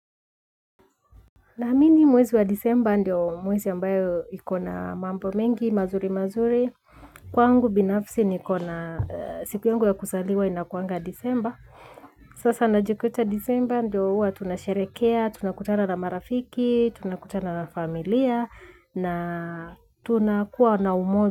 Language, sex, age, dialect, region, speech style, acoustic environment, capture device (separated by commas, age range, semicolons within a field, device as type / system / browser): Swahili, female, 25-34, Kenya - Nairobi Sheng-influenced, Kenya, relaxed, nature, mobile / Linux / Chrome